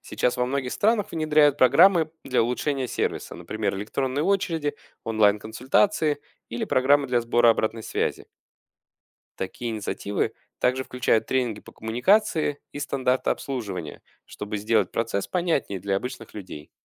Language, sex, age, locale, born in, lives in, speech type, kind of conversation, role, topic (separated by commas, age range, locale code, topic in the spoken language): Russian, male, 35-39, ru-UA, Russia, Germany, read, expert-assistant, expert, Что на самом деле входит в работу госслужащего: как можно объяснить эту профессию простыми словами?